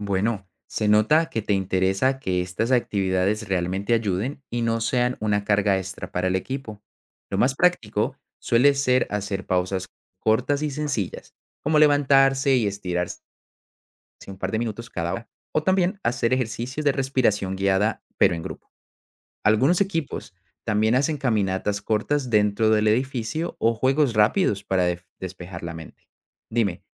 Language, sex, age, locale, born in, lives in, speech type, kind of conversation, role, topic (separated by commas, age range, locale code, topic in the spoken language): Spanish, male, 25-29, es-CO, Colombia, Netherlands, read, expert-assistant, expert, ¿Cómo afecta el estrés laboral a la salud física y qué podemos hacer en el trabajo?